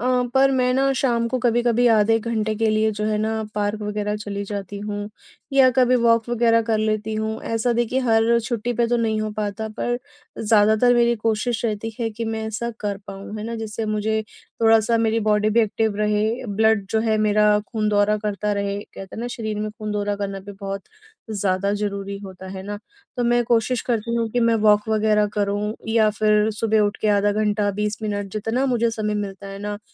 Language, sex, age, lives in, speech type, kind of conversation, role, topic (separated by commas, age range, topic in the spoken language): Hindi, female, 30-34, India, conversation, advice, user, घर पर आराम करने और तनाव कम करने के लिए मैं क्या करूँ?